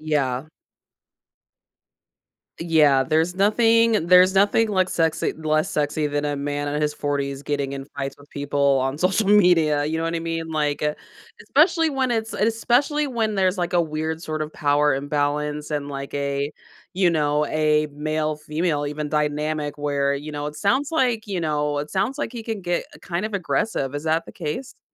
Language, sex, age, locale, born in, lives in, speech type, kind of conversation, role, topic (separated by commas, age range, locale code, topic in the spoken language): English, female, 35-39, en-US, United States, United States, conversation, advice, advisor, How do I stop feeling stuck and protect myself in a draining relationship?